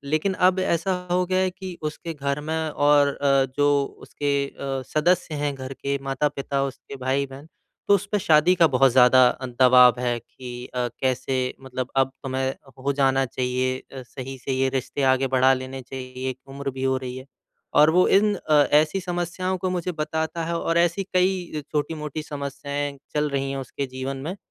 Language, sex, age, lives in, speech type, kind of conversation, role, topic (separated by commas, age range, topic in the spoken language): Hindi, male, 25-29, India, conversation, advice, user, मैं अपने साथी को मुश्किल समय में सहानुभूति और समझ के साथ कैसे समर्थन दे सकता/सकती हूँ?